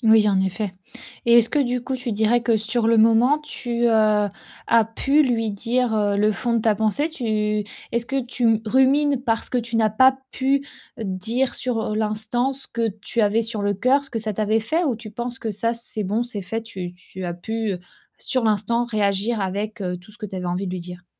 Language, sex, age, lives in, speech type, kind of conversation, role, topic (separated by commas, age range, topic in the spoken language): French, female, 30-34, France, conversation, advice, advisor, Comment répondre efficacement à une critique négative au travail ?